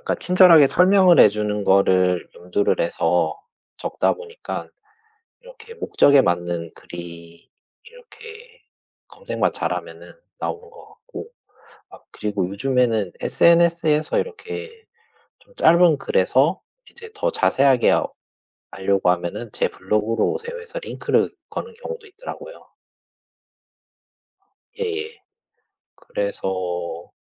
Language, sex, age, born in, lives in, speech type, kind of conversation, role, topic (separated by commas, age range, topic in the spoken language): Korean, male, 40-44, South Korea, Japan, conversation, unstructured, none, SNS와 블로그 중 어떤 플랫폼이 소통에 더 적합하다고 생각하시나요?